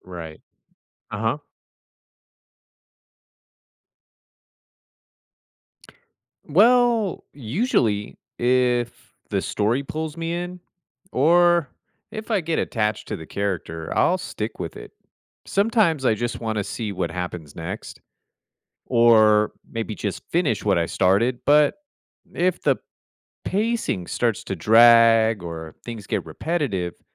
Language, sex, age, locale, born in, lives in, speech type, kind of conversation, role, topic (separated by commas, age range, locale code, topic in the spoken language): English, male, 35-39, en-US, United States, United States, read, expert-assistant, asker, How should games be prioritized in a large backlog?